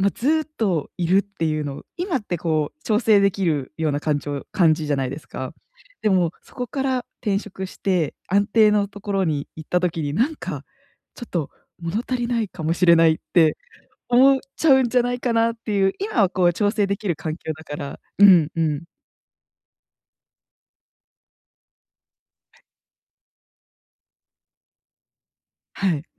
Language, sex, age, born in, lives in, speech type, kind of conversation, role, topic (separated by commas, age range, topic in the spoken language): Japanese, female, 25-29, Japan, Japan, conversation, advice, advisor, 安定した生活と情熱を両立するには、どうすればよいですか？